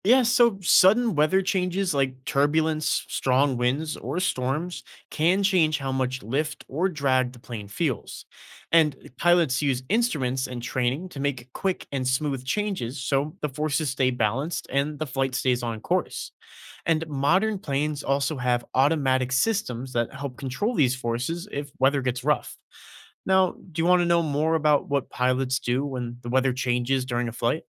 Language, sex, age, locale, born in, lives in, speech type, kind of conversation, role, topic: English, male, 20-24, en-US, United States, United States, read, expert-assistant, expert, How do airplanes fly and how do pilots keep them safe in the air?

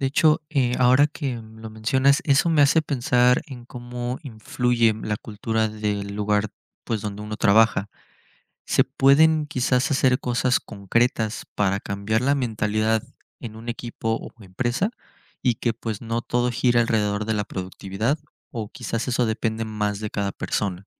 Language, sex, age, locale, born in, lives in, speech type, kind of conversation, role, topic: Spanish, male, 20-24, es-MX, Mexico, Mexico, read, expert-assistant, asker, ¿Cómo equilibrar la productividad y el bienestar personal en el trabajo?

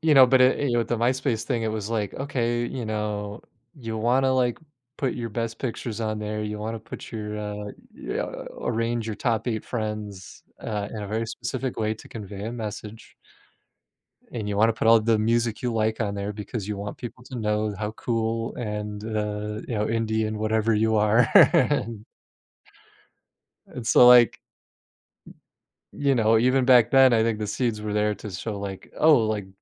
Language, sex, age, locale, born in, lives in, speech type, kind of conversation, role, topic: English, male, 35-39, en-US, United States, United States, conversation, podcast, guest, How has social media changed the way we connect and understand each other?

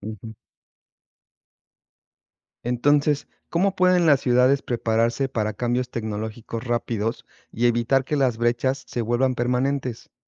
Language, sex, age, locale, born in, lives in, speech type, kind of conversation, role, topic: Spanish, male, 45-49, es-MX, Mexico, Mexico, read, expert-assistant, asker, ¿De qué maneras podríamos imaginar la evolución futura de los humanos si cambiara radicalmente nuestro entorno?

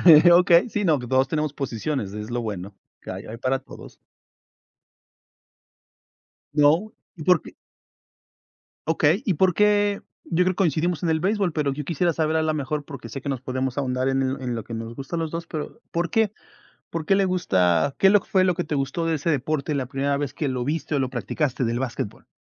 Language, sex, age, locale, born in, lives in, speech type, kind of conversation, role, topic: Spanish, male, 40-44, es-MX, Mexico, United States, conversation, unstructured, none, ¿Cuál es tu deporte favorito para ver o practicar?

